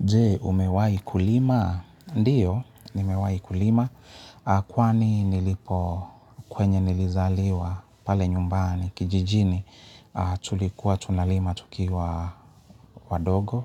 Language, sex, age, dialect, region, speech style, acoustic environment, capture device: Swahili, male, 35-44, Kenya - Nairobi Sheng-influenced, Kenya, happy; relaxed; focused; excited, people talking; music; appliances, mobile / Linux / Chrome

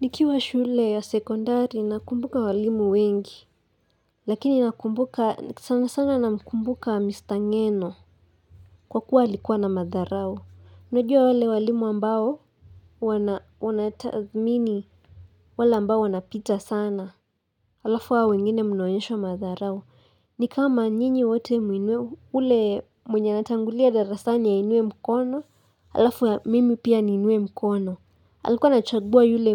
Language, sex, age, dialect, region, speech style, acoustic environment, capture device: Swahili, female, 18-24, Kenya - Nairobi Sheng-influenced, Kenya, happy; excited; focused; relaxed, silence, mobile / Linux / Chrome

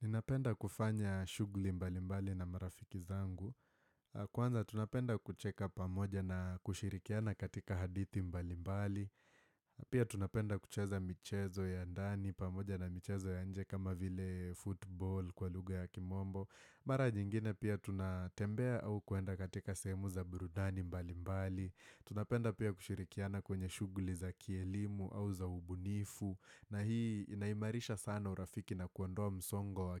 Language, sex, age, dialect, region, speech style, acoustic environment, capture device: Swahili, male, 18-24, Kenya - Nairobi Sheng-influenced, Kenya, happy, silence, desktop / Windows / Firefox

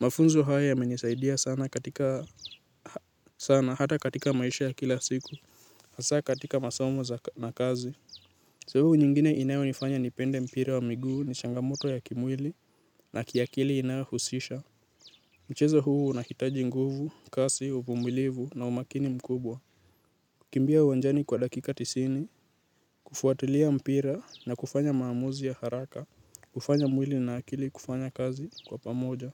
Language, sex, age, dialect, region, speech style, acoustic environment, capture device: Swahili, male, 18-24, Kenya - Nairobi Sheng-influenced, Kenya, relaxed; nervous; excited, nature, mobile / Linux / Chrome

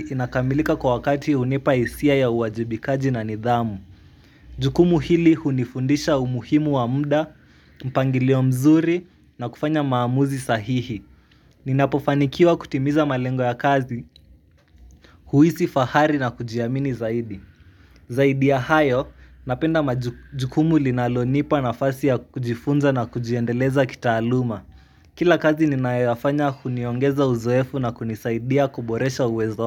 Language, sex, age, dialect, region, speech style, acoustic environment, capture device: Swahili, male, 18-24, Kenya - Nairobi Sheng-influenced, Kenya, happy, nature, mobile / Linux / Chrome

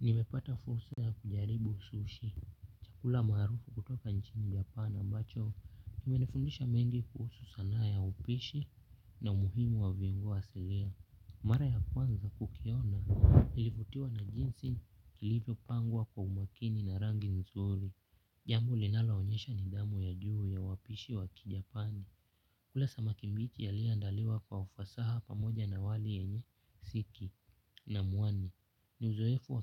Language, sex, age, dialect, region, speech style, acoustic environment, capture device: Swahili, male, 18-24, Kenya - Nairobi Sheng-influenced, Kenya, relaxed; focused, silence, mobile / Linux / Chrome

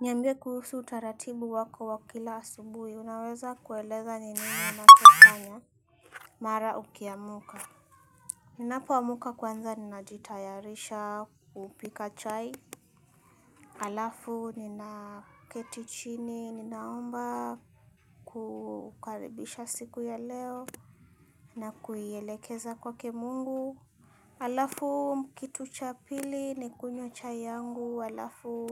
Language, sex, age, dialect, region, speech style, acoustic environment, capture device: Swahili, female, 25-34, Kenya - Nairobi Sheng-influenced, Kenya, happy; nervous, silence, mobile / Linux / Chrome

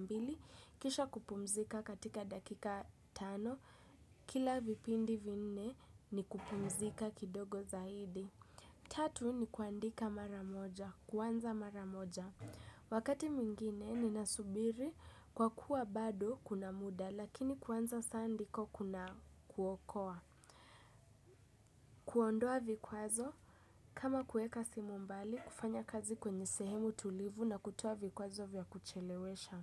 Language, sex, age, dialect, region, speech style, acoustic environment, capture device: Swahili, female, 18-24, Kenya - Nairobi Sheng-influenced, Kenya, happy, music; silence, desktop / Windows / Chrome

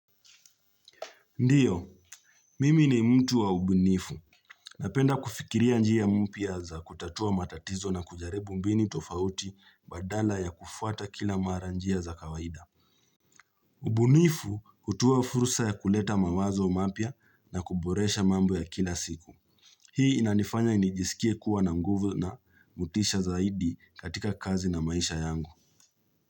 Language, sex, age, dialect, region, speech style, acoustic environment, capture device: Swahili, male, 25-34, Kenya - Nairobi Sheng-influenced, Kenya, relaxed; focused, nature; silence, mobile / Linux / Chrome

